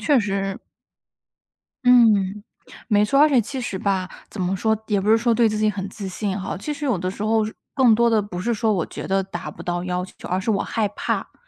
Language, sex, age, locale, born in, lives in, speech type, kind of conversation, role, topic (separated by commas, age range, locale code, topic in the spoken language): Chinese, female, 25-29, zh-CN, China, United States, conversation, advice, user, 为什么我对着空白页面就是完全写不出东西？